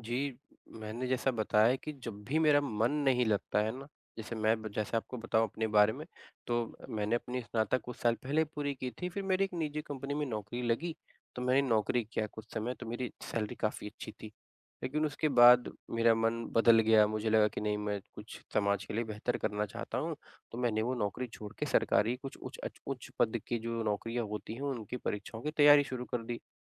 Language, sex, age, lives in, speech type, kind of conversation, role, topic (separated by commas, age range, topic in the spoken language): Hindi, male, 25-29, India, conversation, advice, user, अचानक लगने वाली भूख और तले-भुने नाश्तों के त्वरित लालच से मैं कैसे निपटूँ?